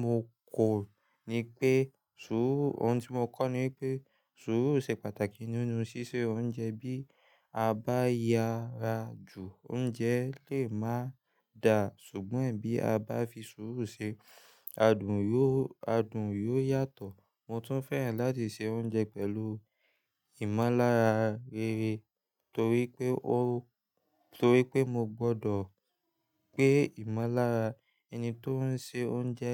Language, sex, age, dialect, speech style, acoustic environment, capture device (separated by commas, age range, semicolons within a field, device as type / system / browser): Yoruba, male, 18-24, Nigeria - Lagos Yoruba, relaxed, nature; silence, mobile / Linux / Chrome